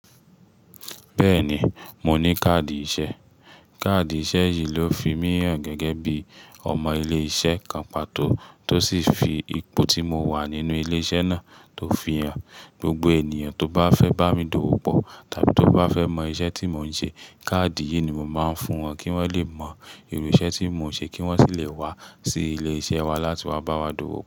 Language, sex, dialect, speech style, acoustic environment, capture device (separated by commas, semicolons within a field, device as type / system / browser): Yoruba, male, Nigeria - Nigerian Standard, relaxed, silence, mobile / Linux / Chrome